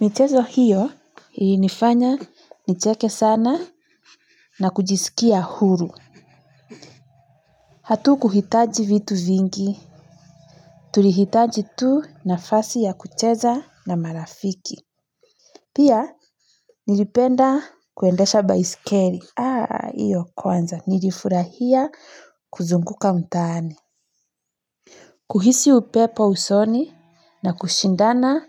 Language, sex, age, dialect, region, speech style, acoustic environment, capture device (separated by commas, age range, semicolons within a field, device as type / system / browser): Swahili, female, 25-34, Kenya - Nairobi Sheng-influenced, Kenya, relaxed, nature, mobile / Linux / Chrome